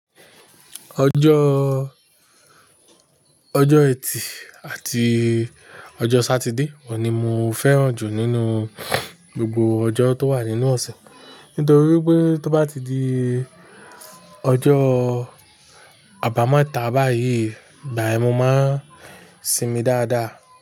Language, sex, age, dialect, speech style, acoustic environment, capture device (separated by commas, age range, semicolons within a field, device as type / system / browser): Yoruba, male, 35-44, Nigeria - Nigerian Standard, relaxed, nature, mobile / Linux / Chrome